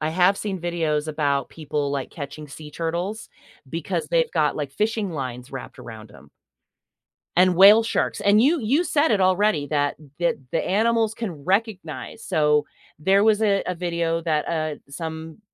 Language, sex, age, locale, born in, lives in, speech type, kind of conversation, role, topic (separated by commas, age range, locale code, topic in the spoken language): English, female, 45-49, en-US, United States, United States, conversation, podcast, guest, How can everyday choices and community efforts help protect ocean health?